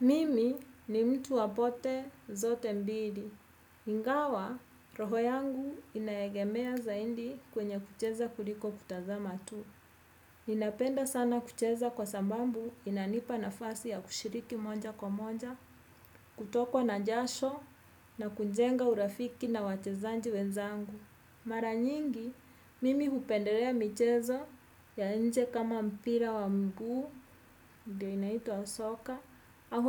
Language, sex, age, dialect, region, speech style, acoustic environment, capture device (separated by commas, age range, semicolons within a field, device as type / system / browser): Swahili, female, 35-44, Kenya - Nairobi Sheng-influenced, Kenya, happy, music; nature; people talking; traffic; construction; appliances, desktop / Windows / Edge